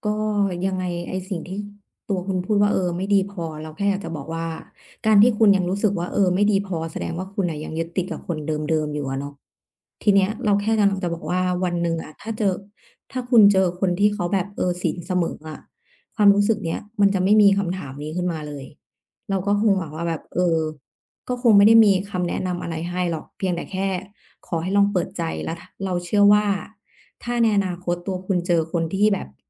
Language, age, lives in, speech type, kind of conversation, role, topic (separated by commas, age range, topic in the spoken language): Thai, 30-34, Thailand, conversation, advice, advisor, คุณรู้สึกไม่มั่นใจหลังจากเลิกความสัมพันธ์อย่างไรบ้าง?